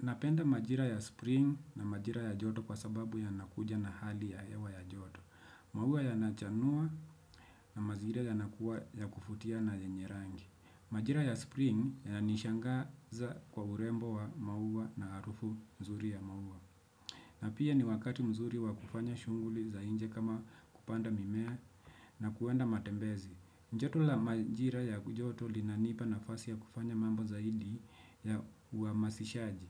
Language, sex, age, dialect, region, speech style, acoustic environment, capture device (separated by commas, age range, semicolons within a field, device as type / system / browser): Swahili, male, 25-34, Kenya - Nairobi Sheng-influenced, Kenya, relaxed, silence, desktop / Windows / Chrome